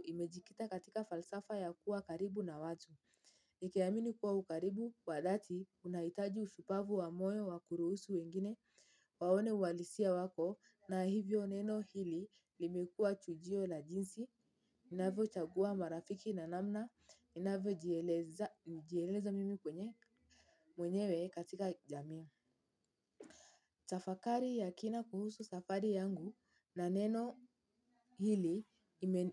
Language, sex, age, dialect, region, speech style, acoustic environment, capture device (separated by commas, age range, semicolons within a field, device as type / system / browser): Swahili, female, 18-24, Kenya - Mombasa, Kenya, happy; tired, silence, mobile / Linux / Chrome